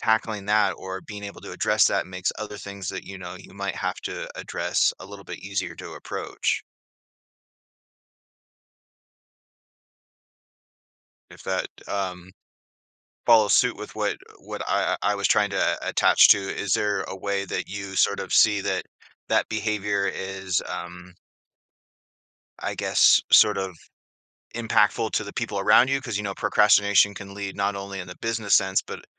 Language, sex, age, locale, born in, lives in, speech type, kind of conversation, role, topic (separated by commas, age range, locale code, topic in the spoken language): English, male, 40-44, en-US, Canada, United States, conversation, podcast, host, What habit has changed your life the most?